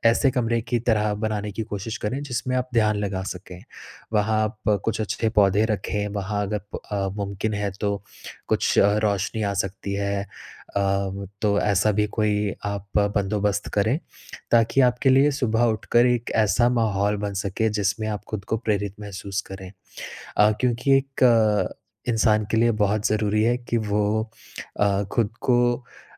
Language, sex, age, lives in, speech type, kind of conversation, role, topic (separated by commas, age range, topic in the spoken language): Hindi, male, 30-34, India, conversation, advice, advisor, मुझे प्रेरणा बनाए रखना मुश्किल लगता है, मैं कैसे लगातार काम कर सकूँ?